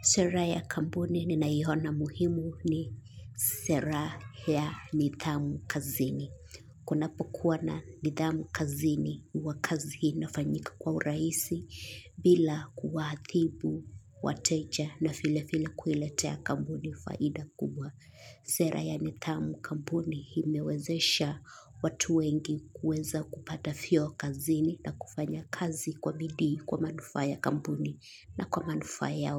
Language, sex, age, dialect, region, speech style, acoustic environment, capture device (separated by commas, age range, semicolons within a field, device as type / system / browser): Swahili, female, 25-34, Kenya - Mombasa, Kenya, relaxed, nature, mobile / Linux / Chrome